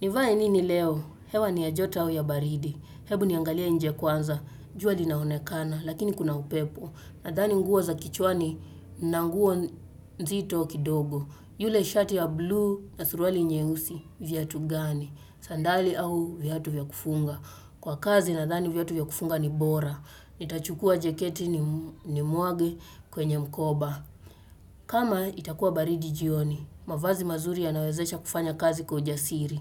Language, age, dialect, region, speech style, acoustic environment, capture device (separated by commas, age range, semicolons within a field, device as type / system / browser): Swahili, 25-34, Kenya - Nairobi Sheng-influenced, Kenya, focused; relaxed, nature; appliances, desktop / Windows / Chrome